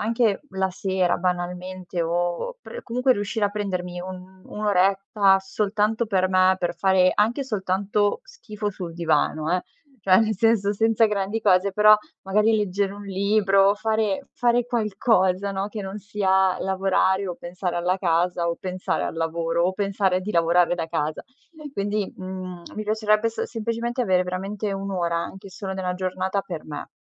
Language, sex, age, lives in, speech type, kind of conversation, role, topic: Italian, female, 25-29, Italy, conversation, advice, user, Come posso bilanciare l’impegno nella mia startup con la vita personale senza arrivare all’esaurimento?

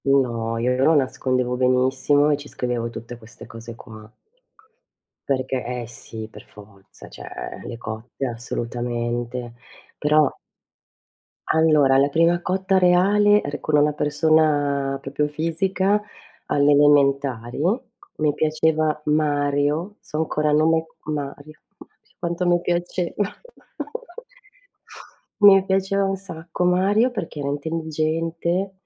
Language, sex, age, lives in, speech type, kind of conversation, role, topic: Italian, female, 50-54, Italy, conversation, unstructured, none, Come hai incontrato la tua prima cotta?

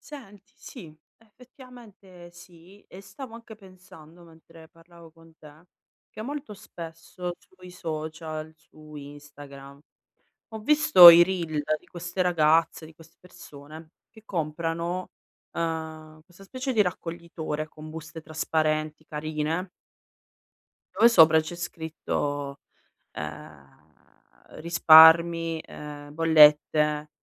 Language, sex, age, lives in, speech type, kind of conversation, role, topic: Italian, female, 35-39, Italy, conversation, advice, user, Come posso scegliere tra piaceri immediati e sicurezza finanziaria?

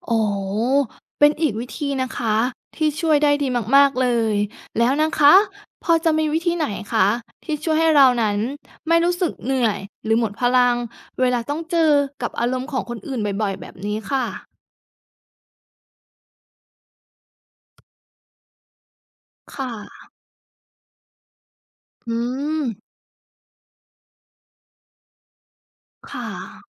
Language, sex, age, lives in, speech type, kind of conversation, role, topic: Thai, female, 30-34, Thailand, read, expert-assistant, asker, การรู้จักควบคุมอารมณ์มีผลต่อความสัมพันธ์กับผู้อื่นอย่างไร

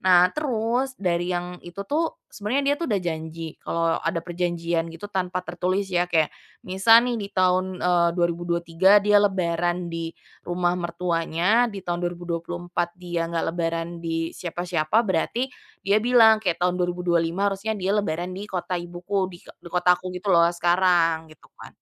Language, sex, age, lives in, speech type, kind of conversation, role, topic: Indonesian, female, 25-29, Indonesia, conversation, advice, user, Bagaimana cara menjaga keharmonisan keluarga saat nilai dan pandangan berbeda di acara keluarga?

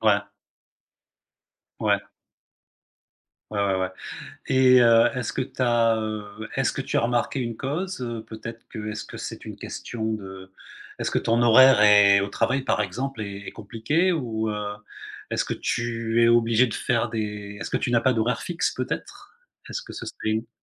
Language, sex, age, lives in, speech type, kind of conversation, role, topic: French, male, 55-59, Spain, conversation, advice, advisor, Comment se passe ta routine alimentaire quand tu sautes des repas et que tu manges à des horaires irréguliers ?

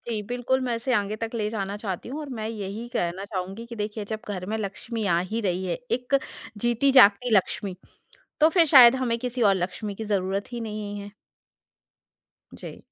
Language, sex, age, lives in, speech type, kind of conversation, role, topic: Hindi, female, 40-44, India, conversation, podcast, guest, Tyohar aur ghar ke riwaaj aapke bachchon ko kya sikhate hain?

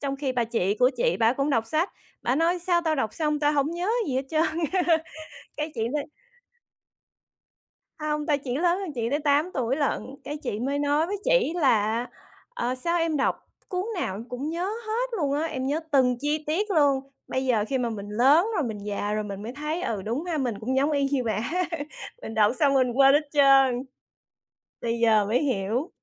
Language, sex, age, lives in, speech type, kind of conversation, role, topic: Vietnamese, female, 40-44, United States, conversation, unstructured, none, Bạn cân nhắc những yếu tố nào khi chọn một cuốn sách để đọc?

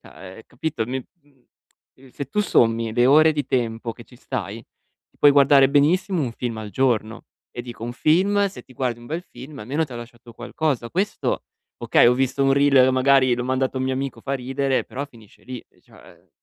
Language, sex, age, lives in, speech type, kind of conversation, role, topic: Italian, male, 25-29, Italy, conversation, advice, user, Come posso ridurre le distrazioni digitali nella mia giornata?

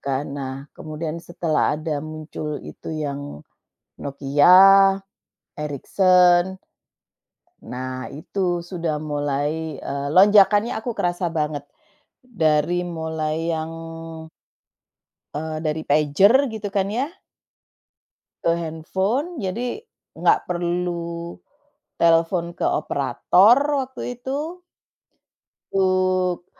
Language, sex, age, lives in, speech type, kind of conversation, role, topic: Indonesian, female, 45-49, Netherlands, conversation, unstructured, none, Apa pengalaman paling menyenangkan Anda saat menggunakan teknologi baru?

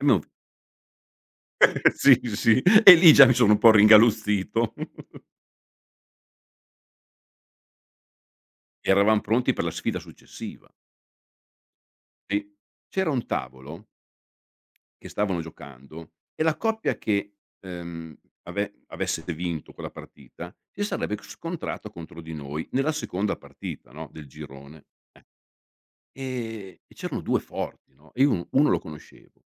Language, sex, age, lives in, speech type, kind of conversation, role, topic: Italian, male, 55-59, Italy, conversation, podcast, guest, Com’è stato il tuo primo tentativo fallito e cosa hai imparato?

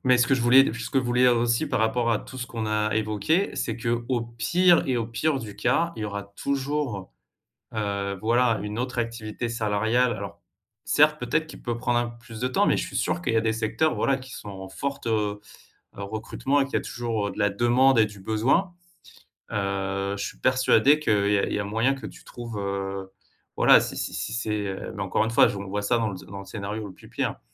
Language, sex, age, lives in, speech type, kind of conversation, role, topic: French, male, 35-39, France, conversation, advice, advisor, Comment puis-je gérer mon anxiété liée à l’argent au quotidien ?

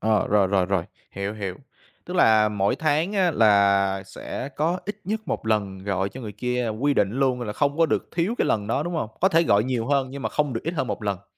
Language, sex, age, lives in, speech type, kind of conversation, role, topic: Vietnamese, male, 25-29, Vietnam, conversation, podcast, host, Làm sao để giữ kết nối khi ai đó chuyển đi xa?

